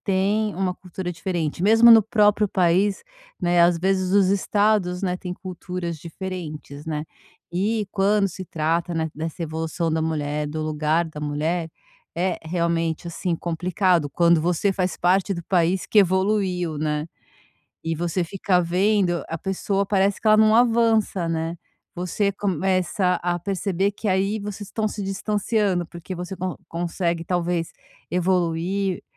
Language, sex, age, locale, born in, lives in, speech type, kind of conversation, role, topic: Portuguese, female, 50-54, pt-BR, Brazil, France, conversation, advice, advisor, Como posso construir amizades respeitando as diferenças culturais?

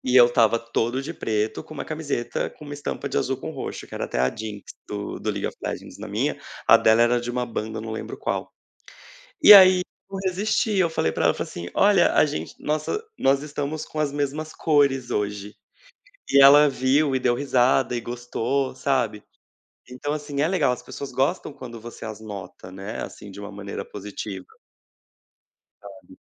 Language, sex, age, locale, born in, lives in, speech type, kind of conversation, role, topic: Portuguese, male, 30-34, pt-BR, Brazil, Portugal, conversation, unstructured, none, Como você compara diferentes estilos de moda?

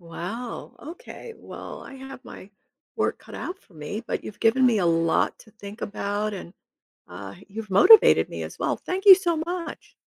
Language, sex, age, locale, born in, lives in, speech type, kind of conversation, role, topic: English, female, 70-74, en-US, United States, United States, read, expert-assistant, asker, What are the benefits of learning a second language?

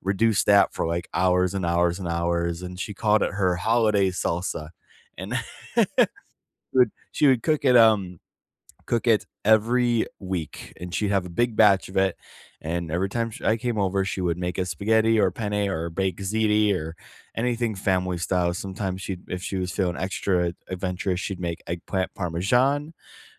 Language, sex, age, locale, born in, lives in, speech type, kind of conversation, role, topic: English, male, 25-29, en-US, United States, United States, conversation, unstructured, none, What is your go-to comfort food, and what memory do you associate with it?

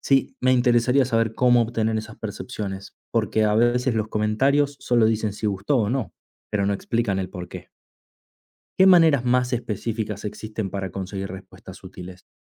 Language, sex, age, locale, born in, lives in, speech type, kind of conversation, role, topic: Spanish, male, 30-34, es-AR, Argentina, Italy, read, expert-assistant, asker, ¿Cómo puede la poesía integrarse de manera natural con otras artes?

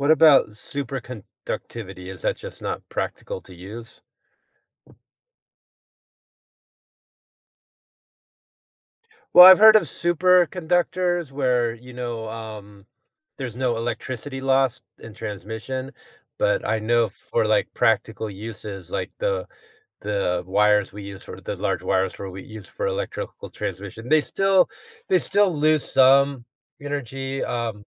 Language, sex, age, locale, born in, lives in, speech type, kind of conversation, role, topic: English, male, 50-54, en-US, United States, United States, conversation, podcast, host, How have groundbreaking inventions shaped the course of human history?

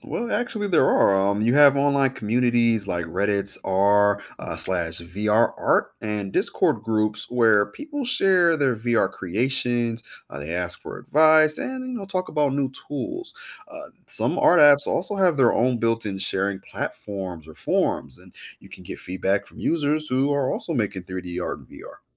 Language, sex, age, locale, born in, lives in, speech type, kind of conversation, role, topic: English, male, 30-34, en-US, United States, United States, read, expert-assistant, expert, What is virtual reality and how does it work?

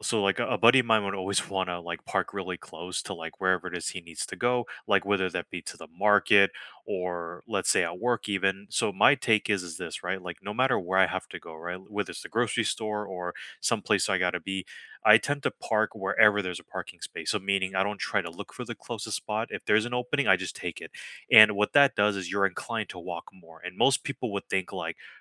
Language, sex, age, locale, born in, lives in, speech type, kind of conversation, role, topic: English, male, 35-39, en-US, United States, United States, conversation, unstructured, none, How do you fit short movement breaks into your busy schedule, and how can we encourage each other?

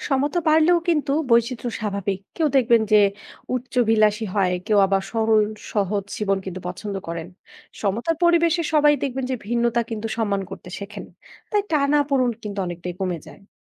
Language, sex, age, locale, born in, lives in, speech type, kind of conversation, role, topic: Bengali, female, 20-24, bn-BD, Bangladesh, Bangladesh, read, expert-assistant, expert, যদি সমাজে ধনী-গরিব ভেদাভেদ কমে যেত, তাহলে আমাদের দৈনন্দিন জীবন কতটা বদলে যেত?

